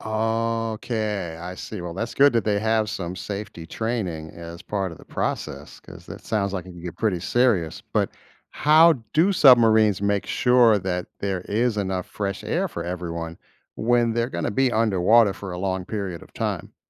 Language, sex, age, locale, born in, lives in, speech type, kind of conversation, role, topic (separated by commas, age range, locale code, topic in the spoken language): English, male, 50-54, en-US, United States, United States, read, expert-assistant, asker, How do submarines operate and support life underwater?